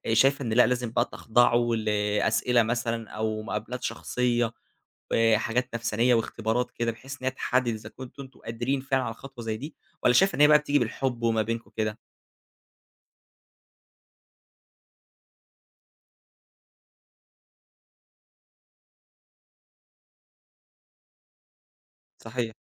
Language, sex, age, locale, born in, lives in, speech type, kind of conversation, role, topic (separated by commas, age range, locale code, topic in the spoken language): Arabic, male, 20-24, ar-EG, Egypt, Egypt, conversation, podcast, host, إزاي تقرر تكوّن أسرة دلوقتي ولا تأجّل الإنجاب؟